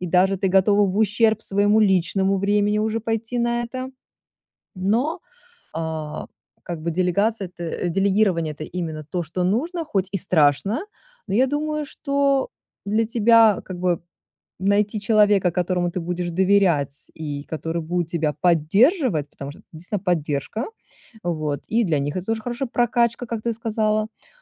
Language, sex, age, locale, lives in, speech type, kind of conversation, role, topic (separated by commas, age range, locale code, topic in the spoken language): Russian, female, 40-44, ru-RU, United States, conversation, advice, advisor, Как лучше управлять временем в условиях большой неопределённости?